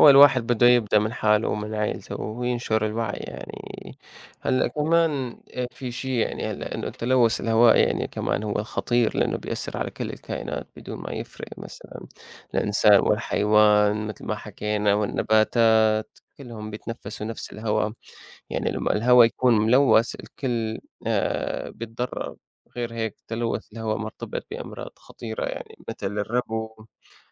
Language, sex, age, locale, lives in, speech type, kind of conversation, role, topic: Arabic, male, 25-29, ar-SY, United States, conversation, unstructured, none, إيه تأثير التلوث على البيئة من وجهة نظرك؟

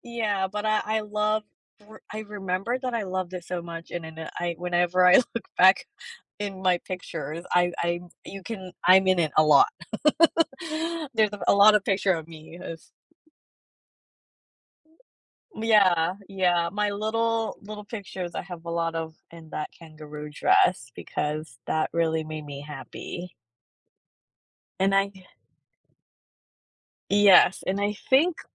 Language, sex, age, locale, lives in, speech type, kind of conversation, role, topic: English, female, 35-39, en-US, United States, conversation, podcast, guest, What story does your favorite piece of clothing tell?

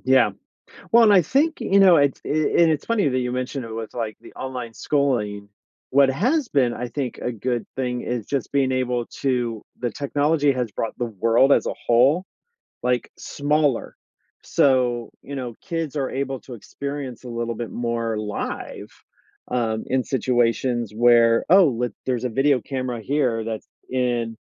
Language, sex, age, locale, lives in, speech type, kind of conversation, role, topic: English, male, 50-54, en-US, United States, conversation, unstructured, none, In what ways has technology changed your learning experiences?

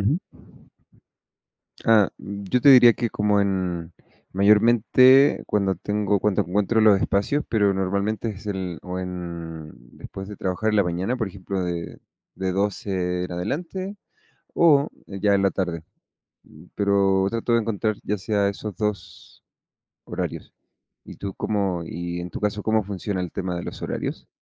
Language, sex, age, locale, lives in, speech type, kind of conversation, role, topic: Spanish, male, 30-34, es-CL, Mexico, conversation, unstructured, none, ¿Qué hábito diario te hace sentir más feliz?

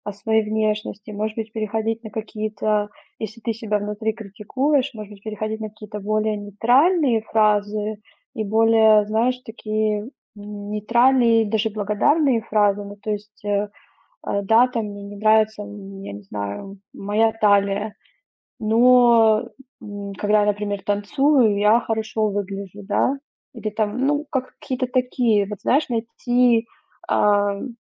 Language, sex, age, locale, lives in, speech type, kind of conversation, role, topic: Russian, female, 30-34, ru-RU, Germany, conversation, advice, advisor, Как проявляется ваша неуверенность в своей внешности или в отношении своего тела?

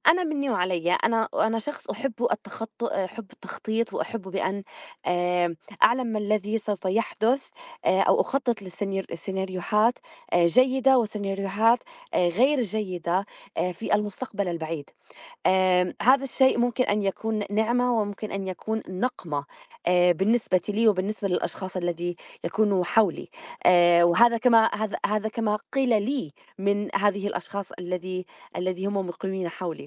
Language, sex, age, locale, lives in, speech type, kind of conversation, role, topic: Arabic, female, 35-39, ar-JO, United States, conversation, unstructured, none, إزاي التخطيط الكويس ممكن يخلي يومك أكتر إنتاجية؟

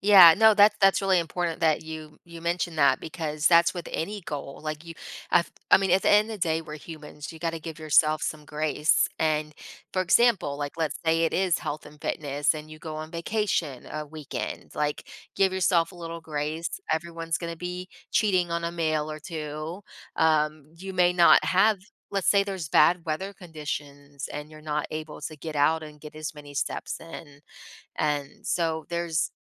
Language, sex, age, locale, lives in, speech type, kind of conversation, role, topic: English, female, 30-34, en-US, United States, conversation, unstructured, none, What motivates you to keep working toward your personal growth goals?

